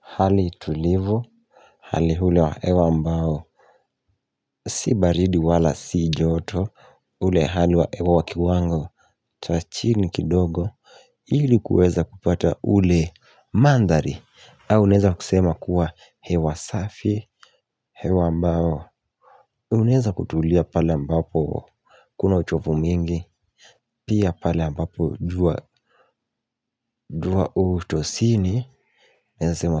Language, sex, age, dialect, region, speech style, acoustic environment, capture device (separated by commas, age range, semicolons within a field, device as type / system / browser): Swahili, male, 18-24, Kenya - Mombasa, Kenya, focused, silence, mobile / Linux / Chrome